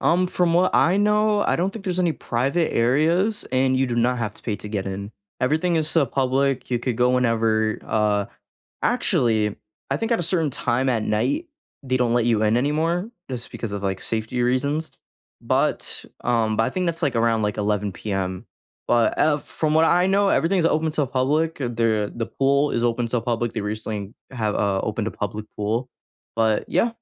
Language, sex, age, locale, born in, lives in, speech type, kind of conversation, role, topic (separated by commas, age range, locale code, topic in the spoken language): English, male, 20-24, en-US, United States, United States, conversation, unstructured, none, What's your favorite way to unwind after a long day?